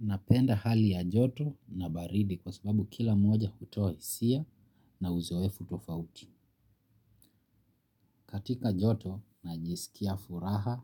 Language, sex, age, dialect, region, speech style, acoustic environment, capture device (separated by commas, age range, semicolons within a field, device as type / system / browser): Swahili, male, 25-34, Kenya - Nairobi Sheng-influenced, Kenya, happy; relaxed; focused, nature; silence, mobile / Linux / Chrome